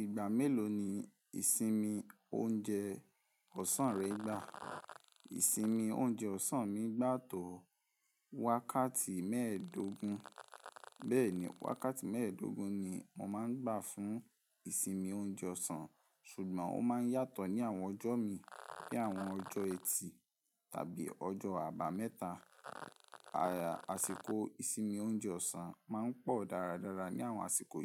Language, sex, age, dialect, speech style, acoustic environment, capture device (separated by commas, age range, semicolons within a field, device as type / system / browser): Yoruba, male, 18-24, Nigeria - Ibadan, relaxed; stressed, appliances; nature, mobile / Linux / Chrome